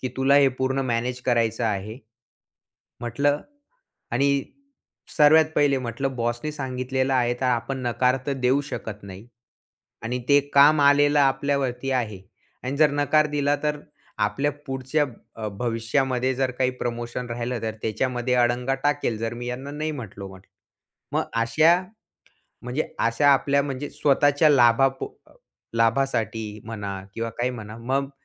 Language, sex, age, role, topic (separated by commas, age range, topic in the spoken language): Marathi, male, 20-24, guest, अचानक काम जास्त झाल्यावर तुम्ही ते कसे हाताळता?